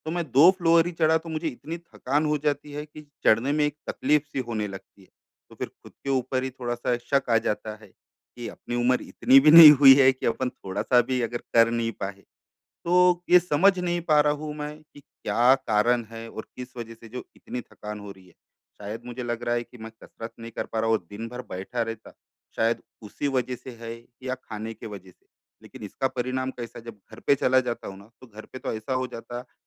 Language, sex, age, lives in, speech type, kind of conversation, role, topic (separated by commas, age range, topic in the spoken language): Hindi, male, 35-39, India, conversation, advice, user, मैं अपने स्वास्थ्य और व्यायाम के लिए समय कैसे निकालूँ?